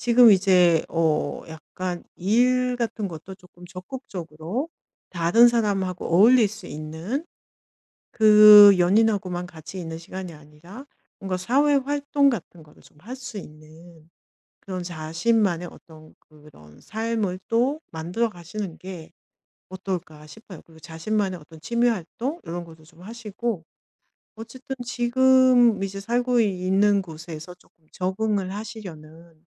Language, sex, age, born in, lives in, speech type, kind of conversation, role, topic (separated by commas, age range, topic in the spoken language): Korean, female, 50-54, South Korea, Germany, conversation, advice, advisor, 변화를 겪은 뒤 잃은 것을 기회로 바꾸려면 어떻게 해야 할까요?